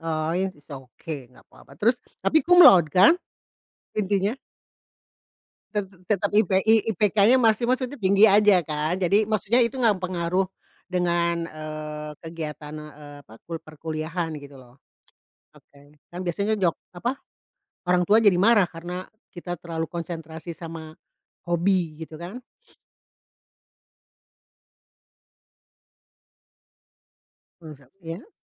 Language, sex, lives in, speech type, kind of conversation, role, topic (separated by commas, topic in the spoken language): Indonesian, female, Indonesia, conversation, podcast, host, Apa yang kamu lakukan saat ide mentok?